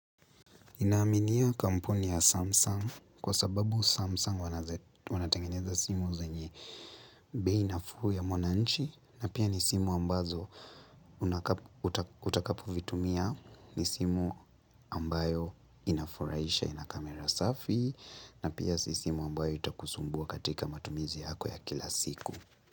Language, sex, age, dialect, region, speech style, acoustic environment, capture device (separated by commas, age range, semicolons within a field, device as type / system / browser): Swahili, male, 18-24, Kenya - Nairobi Sheng-influenced, Kenya, relaxed, nature, mobile / Linux / Chrome